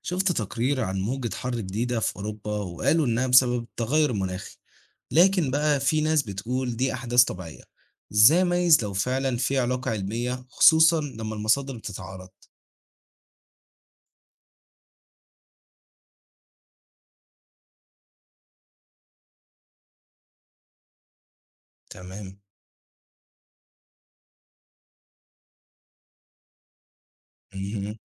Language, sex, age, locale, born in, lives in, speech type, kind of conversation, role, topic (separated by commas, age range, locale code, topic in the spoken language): Arabic, male, 18-19, ar-EG, Egypt, Egypt, read, expert-assistant, asker, لما نسمع عن أحداث مناخية في الأخبار زي موجات الحر أو الأعاصير، إزاي نعرف إذا كان فعلاً في علاقة بينها وبين التغير المناخي ولا دي مبالغات إعلامية؟